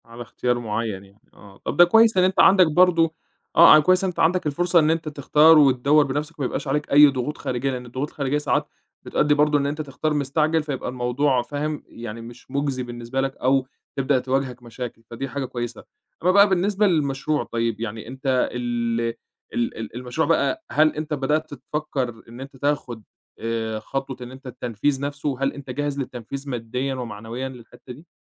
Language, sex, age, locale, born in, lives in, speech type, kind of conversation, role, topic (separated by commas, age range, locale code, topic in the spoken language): Arabic, male, 25-29, ar-EG, Egypt, Egypt, conversation, advice, advisor, إزاي بتوازِن/بتوازني بين ضغط بداية المشروع ومسؤوليات البيت مع الأسرة؟